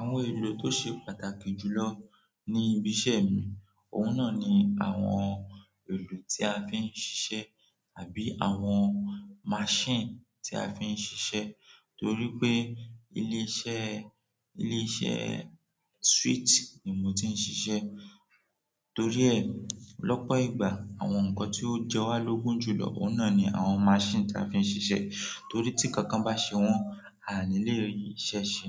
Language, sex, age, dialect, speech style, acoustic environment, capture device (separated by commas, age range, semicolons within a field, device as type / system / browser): Yoruba, male, 18-24, Nigeria - Nigerian Standard, relaxed; focused, nature; people talking, mobile / Android / Chrome